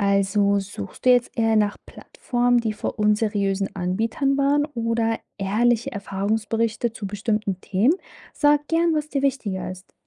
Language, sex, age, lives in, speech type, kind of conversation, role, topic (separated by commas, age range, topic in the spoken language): German, female, 25-29, Germany, read, expert-assistant, expert, Wie kann ich erkennen, ob eine Webseite über Sportarten wirklich vertrauenswürdige Informationen bietet?